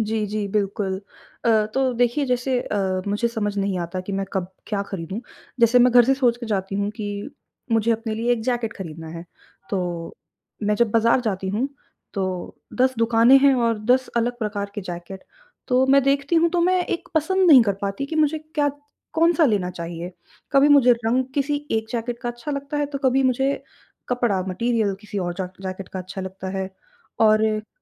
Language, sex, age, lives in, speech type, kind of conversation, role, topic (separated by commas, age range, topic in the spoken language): Hindi, female, 20-24, India, conversation, advice, user, खरीदारी के इतने सारे विकल्पों में मैं अभिभूत महसूस कर रहा/रही हूँ—मैं कहाँ से शुरुआत करूँ?